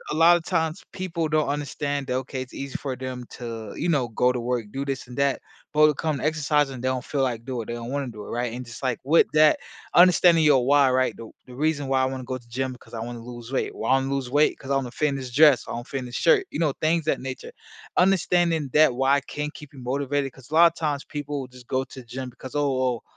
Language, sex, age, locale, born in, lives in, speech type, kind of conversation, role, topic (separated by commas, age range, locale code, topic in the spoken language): English, male, 25-29, en-US, United States, United States, conversation, podcast, guest, What should beginners focus on when starting an exercise routine?